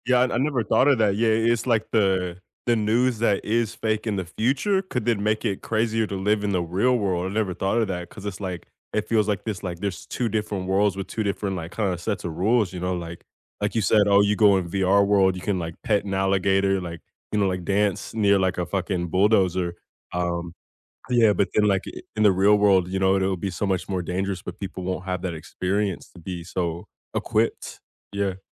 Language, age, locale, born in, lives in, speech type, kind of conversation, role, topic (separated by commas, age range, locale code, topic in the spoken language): English, 25-29, en-US, United States, United States, conversation, unstructured, none, How can people tell whether news is real or fake?